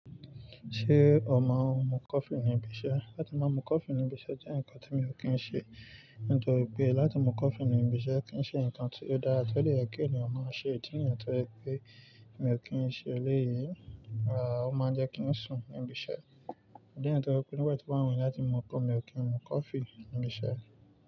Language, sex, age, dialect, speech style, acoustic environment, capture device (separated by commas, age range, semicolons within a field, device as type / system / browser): Yoruba, male, 25-34, Nigeria - Nigerian Standard, excited, nature, mobile / Linux / Chrome